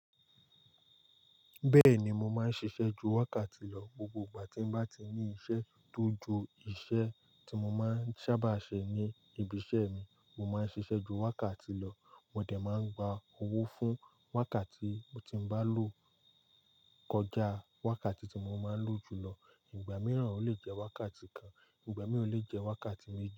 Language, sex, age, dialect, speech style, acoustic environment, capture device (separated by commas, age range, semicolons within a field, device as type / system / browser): Yoruba, male, 18-24, Nigeria - Lagos Yoruba, relaxed, silence, mobile / Linux / Chrome